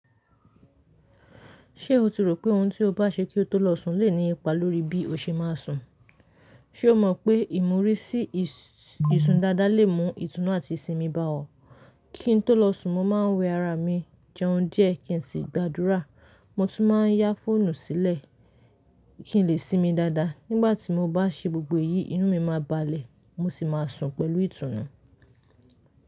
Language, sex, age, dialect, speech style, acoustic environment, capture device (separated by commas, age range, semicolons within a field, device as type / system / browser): Yoruba, female, 25-34, Nigeria - Lagos Yoruba, relaxed, silence; nature, mobile / Linux / Chrome